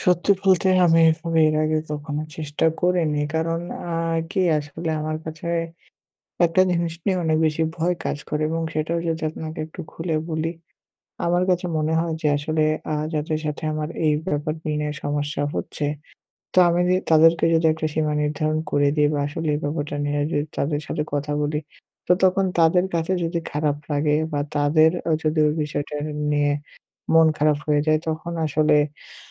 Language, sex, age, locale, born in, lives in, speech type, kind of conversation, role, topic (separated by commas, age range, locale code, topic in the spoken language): Bengali, male, 20-24, bn-BD, Bangladesh, Bangladesh, conversation, advice, user, আমি কীভাবে নিজের সীমা নির্ধারণ করে প্রত্যাখ্যান সহ্য করতে শিখতে পারি?